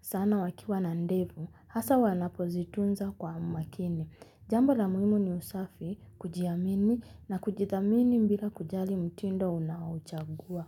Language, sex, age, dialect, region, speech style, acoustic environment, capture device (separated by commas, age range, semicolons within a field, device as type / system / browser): Swahili, female, 25-34, Kenya - Nairobi Sheng-influenced, Kenya, happy, music, mobile / Linux / Chrome